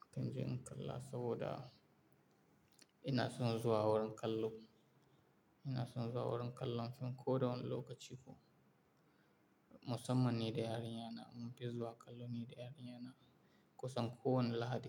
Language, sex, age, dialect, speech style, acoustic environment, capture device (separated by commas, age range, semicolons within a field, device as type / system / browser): Hausa, male, 18-24, Nigeria - Kano, tired, appliances, mobile / Linux / Chrome